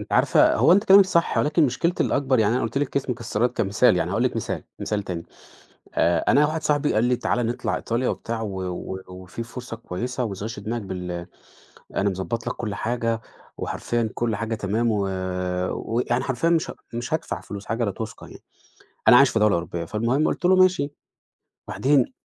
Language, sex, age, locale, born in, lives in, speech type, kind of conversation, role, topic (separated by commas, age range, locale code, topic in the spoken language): Arabic, male, 30-34, ar-EG, Egypt, Portugal, conversation, advice, user, إزاي بتوصف شعورك بالذنب لما بتصرف على رفاهيات صغيرة؟